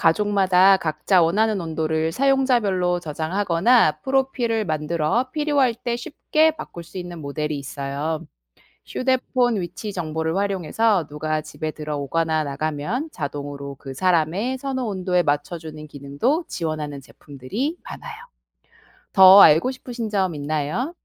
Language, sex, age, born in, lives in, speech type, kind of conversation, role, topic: Korean, female, 35-39, South Korea, South Korea, read, expert-assistant, expert, 스마트 온도 조절기가 실내 환경을 쾌적하게 유지하는 방법은 무엇인가요?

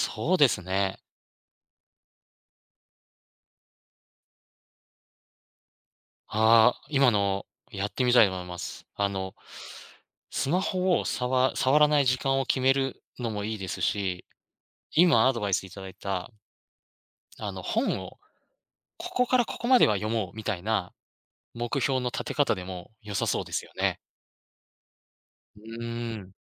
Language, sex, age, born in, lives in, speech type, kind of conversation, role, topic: Japanese, male, 35-39, Japan, Japan, conversation, advice, user, SNSやスマホで時間を無駄にしてしまうのはなぜですか？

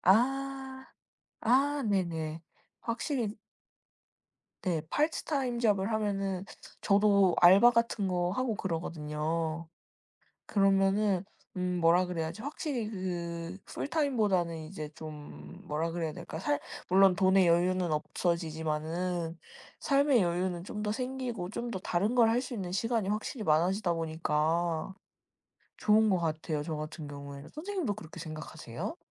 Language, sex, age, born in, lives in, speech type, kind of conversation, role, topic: Korean, female, 20-24, South Korea, Japan, conversation, unstructured, none, 일과 삶의 균형을 어떻게 맞추고 계신가요?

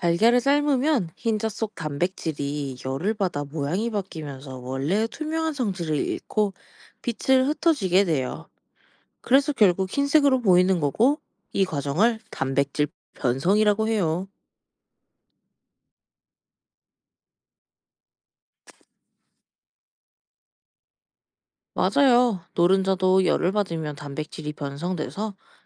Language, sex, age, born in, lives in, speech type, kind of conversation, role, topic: Korean, female, 20-24, South Korea, Japan, read, expert-assistant, expert, 왜 달걀을 삶으면 흰자가 하얗게 변하나요?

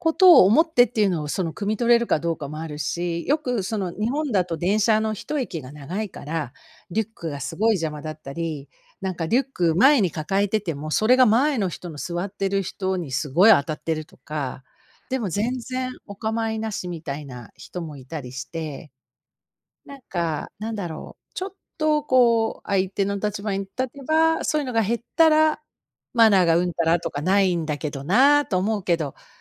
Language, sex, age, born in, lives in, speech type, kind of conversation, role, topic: Japanese, female, 55-59, Japan, United States, conversation, unstructured, none, 公共の場所でマナーを守らない人を見ると、怒りたくなりますか？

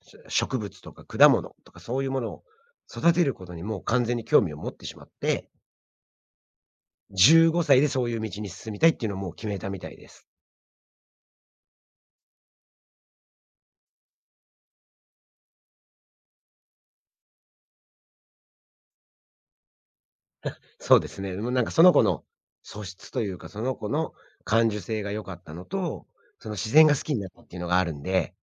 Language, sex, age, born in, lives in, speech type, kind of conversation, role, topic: Japanese, male, 45-49, Japan, United States, conversation, podcast, guest, 子どもが自然を好きになるように教えるには、どうすればいいですか？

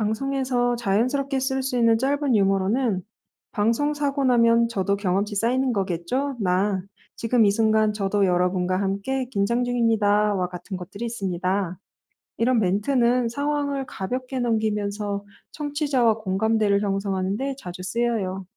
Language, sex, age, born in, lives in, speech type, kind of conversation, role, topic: Korean, female, 35-39, South Korea, France, read, expert-assistant, expert, 방송 진행 중에 집중력을 유지하는 데 효과적인 습관이나 루틴은 무엇인가요?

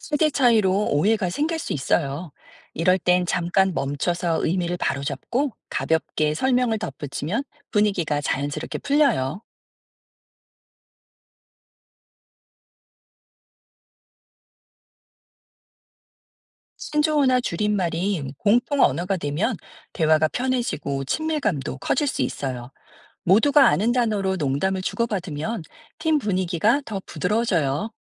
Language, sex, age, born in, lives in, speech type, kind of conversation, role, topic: Korean, female, 50-54, South Korea, United States, read, expert-assistant, expert, 우리 일상 대화에서 신조어나 줄임말을 쓰는 것이 얻는 이점과 생길 수 있는 오해는 어떤 게 있을까요?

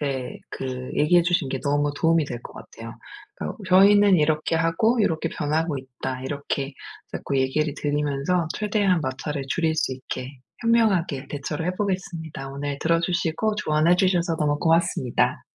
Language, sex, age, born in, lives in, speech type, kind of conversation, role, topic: Korean, female, 40-44, South Korea, United States, conversation, advice, user, 세대 간 전통과 변화의 충돌을 어떻게 해결하면 좋을까요?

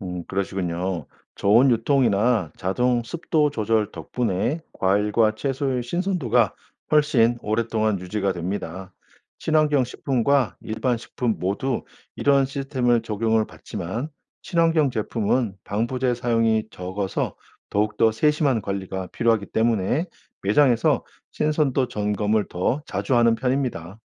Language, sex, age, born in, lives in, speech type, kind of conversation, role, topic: Korean, male, 45-49, South Korea, United States, read, expert-assistant, expert, 친환경 식품과 일반 식품 중에서 어떤 기준으로 선택하면 좋을까요?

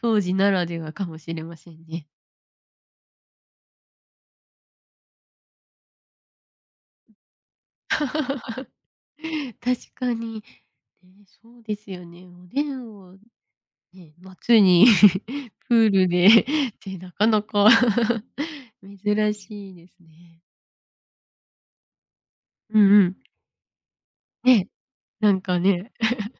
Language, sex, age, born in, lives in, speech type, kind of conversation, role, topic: Japanese, female, 25-29, Japan, Japan, conversation, podcast, host, 夏休みの過ごし方で、特に印象に残っている思い出は何ですか？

